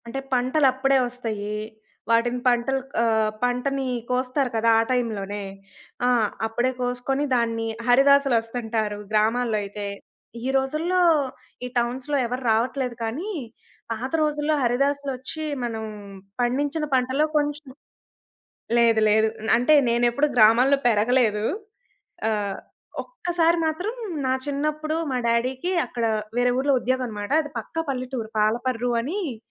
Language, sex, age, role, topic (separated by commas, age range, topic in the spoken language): Telugu, female, 20-24, guest, మీరు పండగలను ఎలా జరుపుకుంటారు?